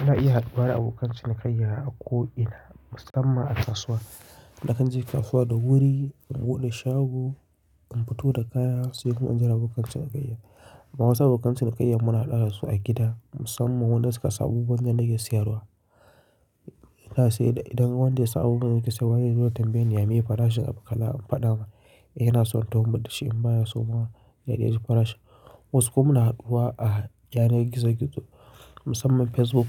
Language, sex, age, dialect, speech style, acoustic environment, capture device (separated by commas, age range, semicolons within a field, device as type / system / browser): Hausa, male, 25-34, Nigeria - Kano, focused, nature, mobile / Linux / Chrome